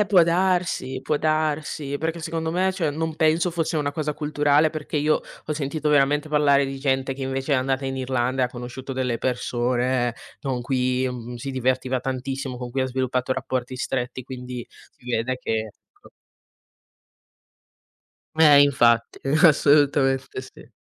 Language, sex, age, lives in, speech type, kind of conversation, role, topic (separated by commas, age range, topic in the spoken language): Italian, female, 20-24, Italy, conversation, podcast, guest, Raccontami di un viaggio che ti ha trasformato